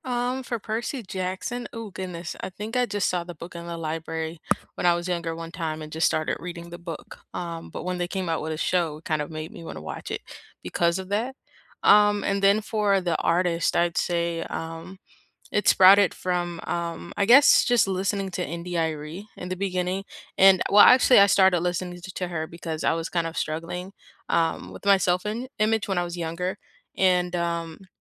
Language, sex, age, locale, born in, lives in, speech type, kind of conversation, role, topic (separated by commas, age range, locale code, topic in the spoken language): English, female, 18-19, en-US, United States, United States, conversation, unstructured, none, Which series or artist first sparked your obsession, and how did it shape your tastes?